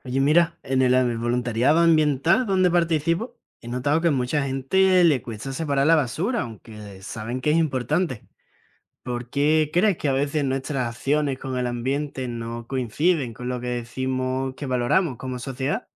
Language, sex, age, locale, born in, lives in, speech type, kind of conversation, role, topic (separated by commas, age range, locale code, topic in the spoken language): Spanish, male, 20-24, es-ES, Spain, Spain, read, expert-assistant, asker, ¿Cómo influyen las acciones ambientales en la identidad y unidad de una comunidad?